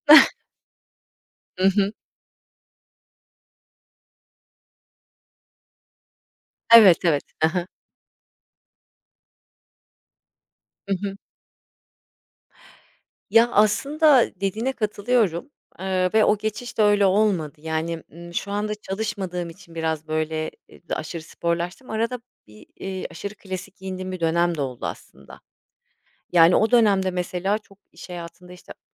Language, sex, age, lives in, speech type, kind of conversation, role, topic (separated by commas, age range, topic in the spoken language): Turkish, female, 40-44, Spain, conversation, podcast, guest, Modaya uyum sağlamak mı, yoksa kendine sadık kalmak mı daha önemli?